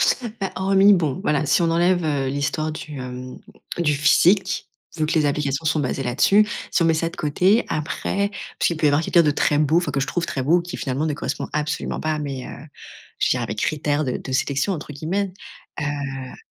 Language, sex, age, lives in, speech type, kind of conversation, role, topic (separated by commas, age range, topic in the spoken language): French, female, 30-34, France, conversation, advice, user, Comment est-ce que je reproduis les mêmes schémas dans mes relations amoureuses ?